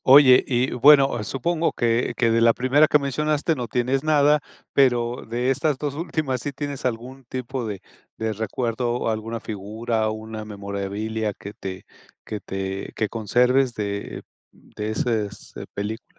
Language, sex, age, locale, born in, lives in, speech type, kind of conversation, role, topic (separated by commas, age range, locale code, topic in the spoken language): Spanish, male, 55-59, es-MX, Mexico, Mexico, conversation, podcast, host, ¿Qué película te marcó de niño y por qué?